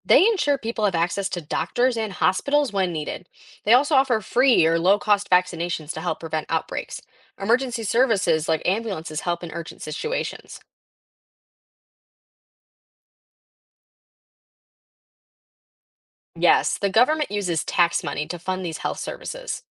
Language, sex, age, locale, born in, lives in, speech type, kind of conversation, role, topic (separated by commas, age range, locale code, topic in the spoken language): English, female, 18-19, en-US, United States, United States, read, expert-assistant, expert, What is the role of government in protecting public health?